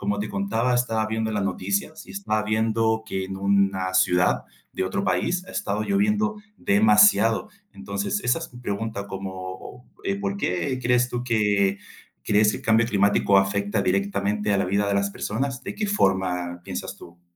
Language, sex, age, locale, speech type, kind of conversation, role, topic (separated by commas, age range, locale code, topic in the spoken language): Spanish, male, 30-34, es-CL, conversation, unstructured, none, ¿Cuál es tu opinión sobre el cambio climático?